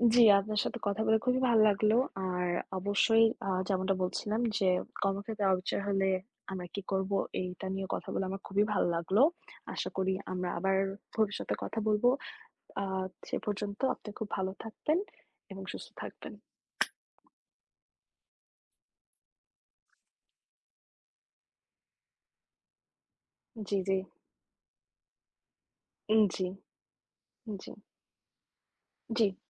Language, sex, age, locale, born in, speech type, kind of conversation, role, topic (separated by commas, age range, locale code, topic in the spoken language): Bengali, female, 25-29, bn-BD, Bangladesh, conversation, unstructured, none, কর্মক্ষেত্রে অবিচারের শিকার হলে আপনি কী করবেন?